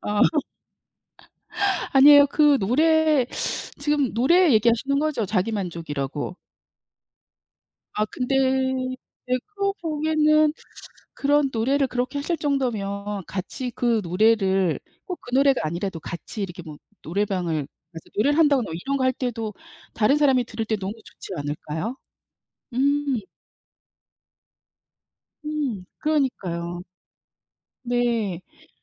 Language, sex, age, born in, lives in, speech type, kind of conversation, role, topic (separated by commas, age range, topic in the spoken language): Korean, female, 55-59, South Korea, United States, conversation, unstructured, none, 취미를 하면서 예상치 못한 선물을 받은 적이 있나요?